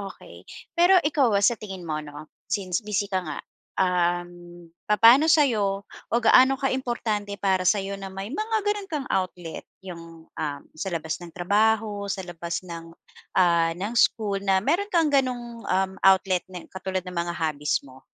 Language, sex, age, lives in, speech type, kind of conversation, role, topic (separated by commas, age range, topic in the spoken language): Filipino, female, 40-44, Philippines, conversation, podcast, host, Paano nakatutulong ang libangan mo para makapagpahinga at makapagpaluwag ng isip?